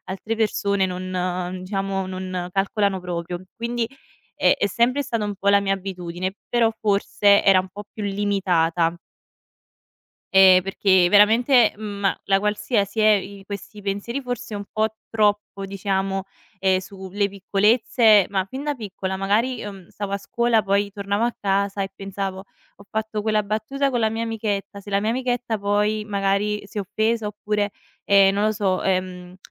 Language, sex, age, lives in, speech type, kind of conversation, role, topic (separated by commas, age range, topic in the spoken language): Italian, female, 25-29, Italy, conversation, advice, user, Quali abitudini legate al caffè e allo zucchero ti disturbano il sonno?